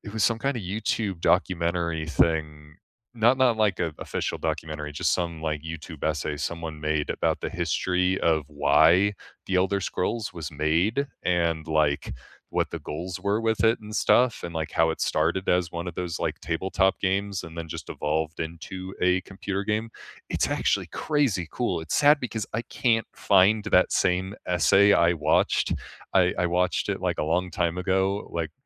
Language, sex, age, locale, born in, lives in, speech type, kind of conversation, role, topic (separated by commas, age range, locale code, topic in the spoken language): English, male, 25-29, en-US, United States, United States, conversation, unstructured, none, What unforgettable gaming moments shaped your love of games, and why do they still matter?